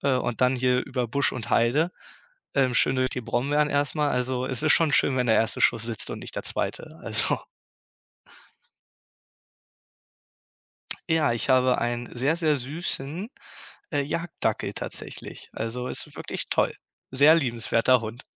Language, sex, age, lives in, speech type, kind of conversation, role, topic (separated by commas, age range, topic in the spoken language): German, male, 18-19, Germany, conversation, podcast, guest, Wie bist du zu deinem kreativen Hobby gekommen?